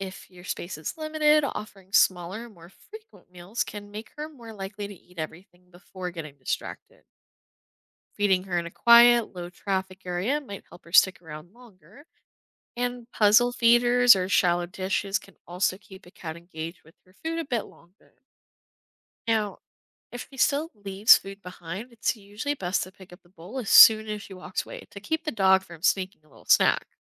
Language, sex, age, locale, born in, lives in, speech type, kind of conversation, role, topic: English, female, 20-24, en-US, United States, United States, read, expert-assistant, expert, How can routines be coordinated for pets with different needs?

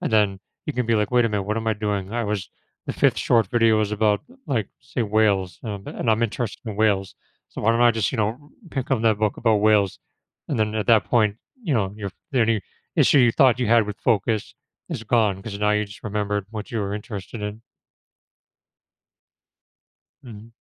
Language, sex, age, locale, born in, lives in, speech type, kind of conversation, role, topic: English, male, 35-39, en-US, United States, United States, conversation, podcast, guest, What habits and changes can help us stay focused throughout the day?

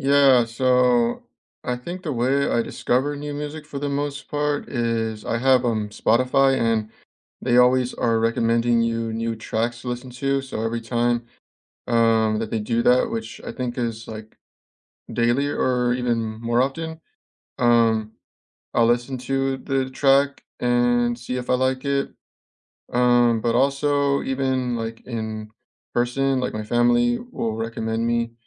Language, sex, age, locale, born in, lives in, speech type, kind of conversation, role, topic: English, male, 25-29, en-US, United States, United States, conversation, unstructured, none, Which songs or genres instantly lift your mood, and what memories make them special?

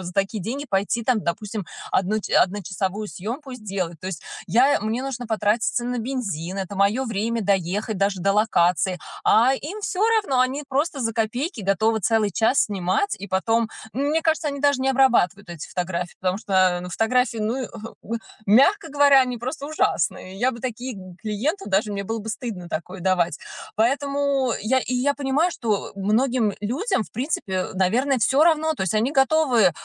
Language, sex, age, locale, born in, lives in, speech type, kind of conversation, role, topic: Russian, female, 35-39, ru-RU, Russia, United States, conversation, advice, user, Как привлечь первых клиентов без бюджета?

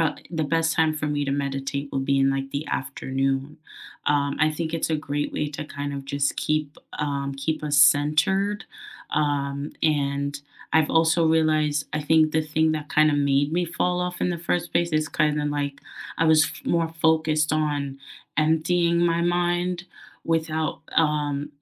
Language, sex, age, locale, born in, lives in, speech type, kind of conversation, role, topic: English, female, 25-29, en-US, United States, United States, conversation, unstructured, none, Which small morning rituals help set a positive tone for your day, and what is the story behind them?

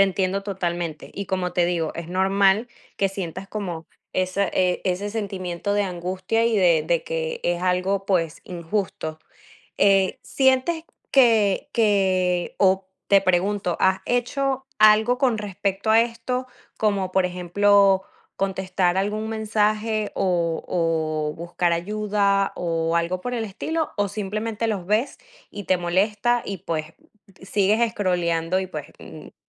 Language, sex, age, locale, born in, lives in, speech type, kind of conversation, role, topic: Spanish, female, 20-24, es-VE, Venezuela, United States, conversation, advice, advisor, ¿Cómo describirías el comentario dañino que recibiste en redes sociales?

